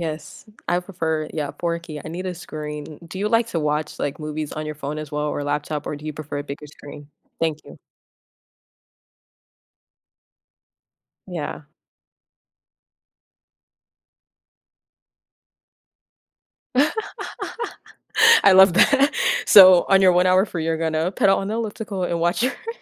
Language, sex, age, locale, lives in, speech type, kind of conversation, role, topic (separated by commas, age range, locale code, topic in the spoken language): English, female, 25-29, en-US, United States, conversation, unstructured, none, When you unexpectedly have a free hour, how do you decide what to do with it?